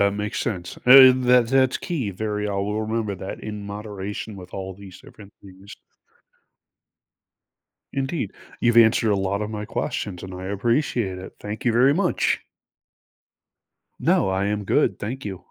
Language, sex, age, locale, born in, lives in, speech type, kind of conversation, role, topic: English, male, 45-49, en-US, United States, United States, conversation, advice, user, How can I find tasty, low-calorie snacks that keep me full during a busy day?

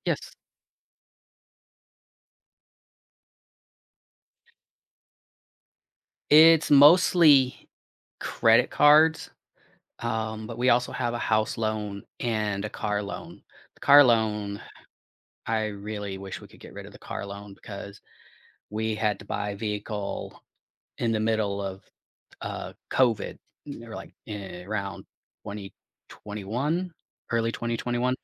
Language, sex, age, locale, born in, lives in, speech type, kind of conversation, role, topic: English, male, 45-49, en-US, United States, United States, conversation, advice, user, How can I manage my financial stress and make a plan to pay off debt?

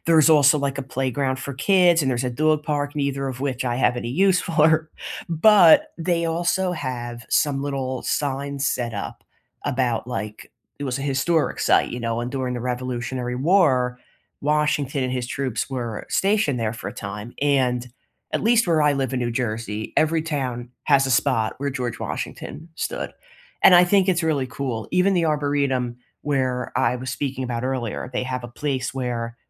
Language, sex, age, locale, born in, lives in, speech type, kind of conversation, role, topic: English, female, 30-34, en-US, United States, United States, conversation, unstructured, none, What are your favorite scenic walking or biking routes around here?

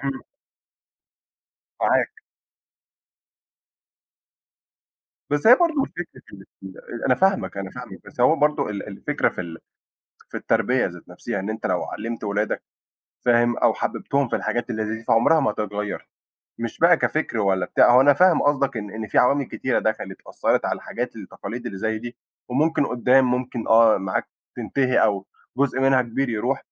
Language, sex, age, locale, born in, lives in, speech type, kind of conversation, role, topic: Arabic, male, 20-24, ar-EG, Egypt, Egypt, conversation, unstructured, none, إيه أهم تقليد ثقافي بتحبه في بلدك؟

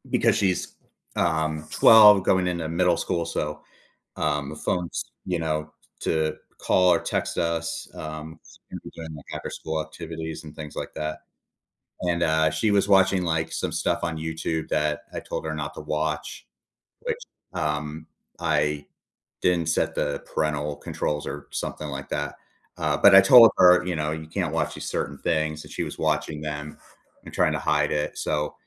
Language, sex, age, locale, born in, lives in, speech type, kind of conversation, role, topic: English, male, 40-44, en-US, United States, United States, conversation, advice, user, How can I apologize and rebuild trust after saying harsh words in anger?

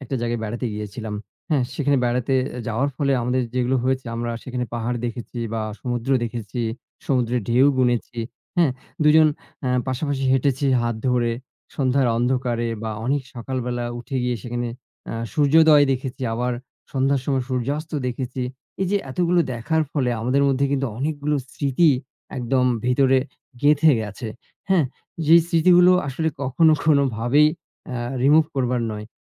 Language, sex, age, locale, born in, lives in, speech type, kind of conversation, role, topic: Bengali, male, 40-44, bn-BD, Bangladesh, Bangladesh, conversation, unstructured, none, তোমার মতে সম্পর্কের সবচেয়ে মধুর স্মৃতি কোনটি?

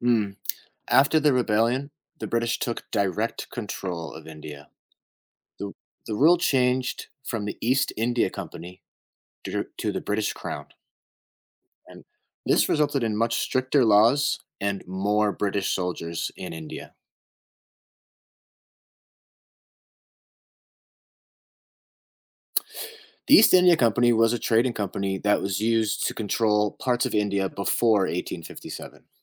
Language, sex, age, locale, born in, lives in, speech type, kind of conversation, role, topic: English, male, 30-34, en-US, United States, United States, read, expert-assistant, expert, What historical events led to the independence of India?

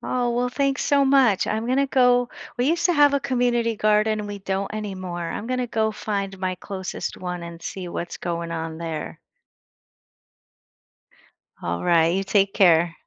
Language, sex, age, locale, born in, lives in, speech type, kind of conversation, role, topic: English, female, 55-59, en-US, United States, United States, conversation, podcast, host, How do cities and towns create a sense of belonging for everyone who visits or lives there?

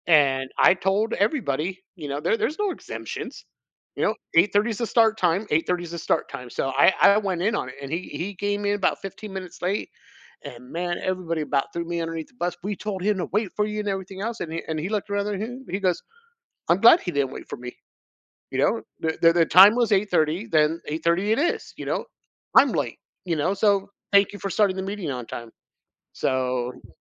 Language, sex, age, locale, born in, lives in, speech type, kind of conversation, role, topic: English, male, 45-49, en-US, United States, United States, conversation, podcast, guest, What helps you stay resilient when life doesn’t go as planned?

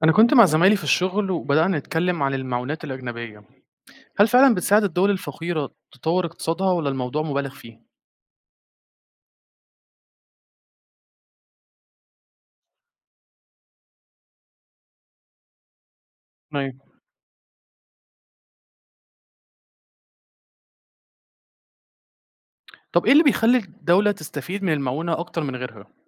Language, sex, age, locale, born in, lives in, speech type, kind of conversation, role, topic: Arabic, male, 20-24, ar-EG, Egypt, Egypt, read, expert-assistant, asker, هل فعلاً المعونات الأجنبية بتساعد الدول الفقيرة تطور اقتصادها، ولا في مبالغات حوالين تأثيرها الحقيقي؟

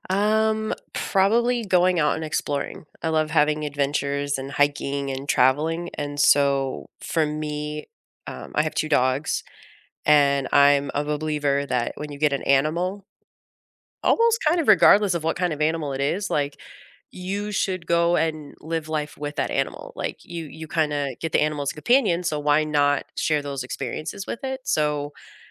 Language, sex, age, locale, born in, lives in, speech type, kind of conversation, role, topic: English, female, 35-39, en-US, United States, United States, conversation, unstructured, none, What is your favorite way to spend time with your pet?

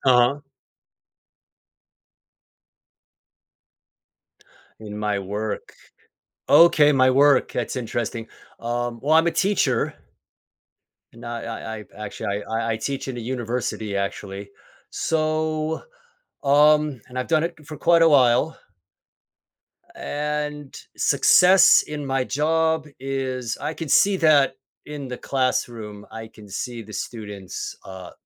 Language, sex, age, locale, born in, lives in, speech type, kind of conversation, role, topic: English, male, 50-54, en-US, United States, United States, conversation, podcast, guest, How do your values and experiences shape what success means to you?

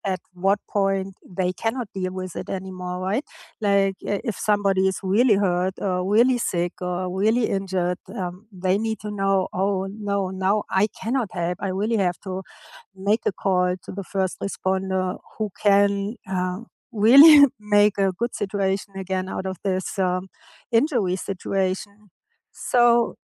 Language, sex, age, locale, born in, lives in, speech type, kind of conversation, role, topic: English, female, 55-59, en-DE, Germany, United States, conversation, unstructured, none, Why do some people find it hard to ask for help?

